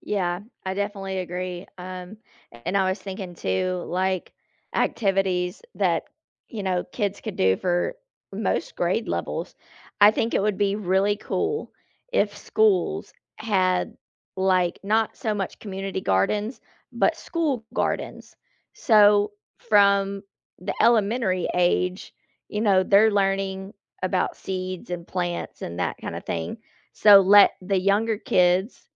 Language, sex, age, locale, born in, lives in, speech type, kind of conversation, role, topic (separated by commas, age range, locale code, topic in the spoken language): English, female, 35-39, en-US, United States, United States, conversation, unstructured, none, Should schools require daily exercise for all students?